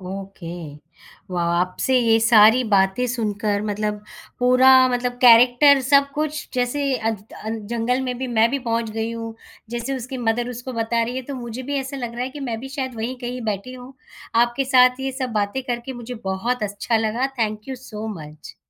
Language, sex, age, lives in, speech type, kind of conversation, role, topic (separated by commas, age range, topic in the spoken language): Hindi, female, 35-39, India, conversation, podcast, host, Kya koi TV show ya cartoon tha jo tum hamesha dekhte the?